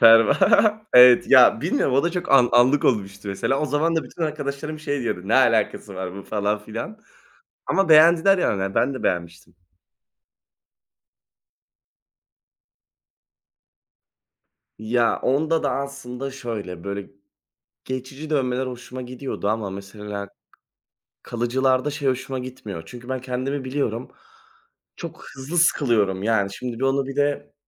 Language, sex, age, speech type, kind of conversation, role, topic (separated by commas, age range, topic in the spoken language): Turkish, male, 20-24, conversation, unstructured, none, Kişisel tarzın, kimliğini nasıl yansıtıyor?